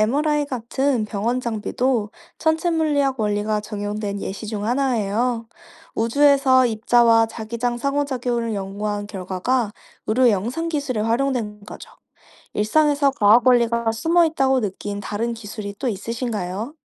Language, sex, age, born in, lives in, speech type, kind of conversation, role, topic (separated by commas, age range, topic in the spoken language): Korean, female, 25-29, South Korea, France, read, expert-assistant, expert, 천체물리학에 대해 더 잘 이해하려면 일상에서 어떤 방식으로 호기심을 키워볼 수 있을까요?